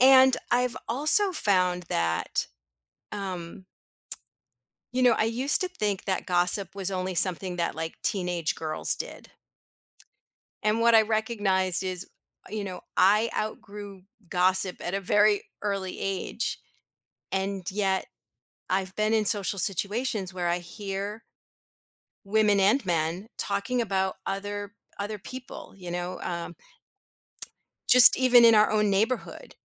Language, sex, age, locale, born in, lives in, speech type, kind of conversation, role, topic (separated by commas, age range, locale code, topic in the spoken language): English, female, 55-59, en-US, United States, United States, conversation, podcast, guest, What makes it challenging to stay true to yourself while wanting to belong?